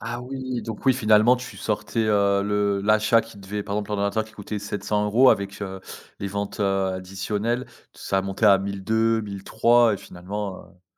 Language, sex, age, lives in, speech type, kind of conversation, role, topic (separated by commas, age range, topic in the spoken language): French, male, 30-34, France, conversation, podcast, host, Comment définis-tu une bonne décision, pour toi ?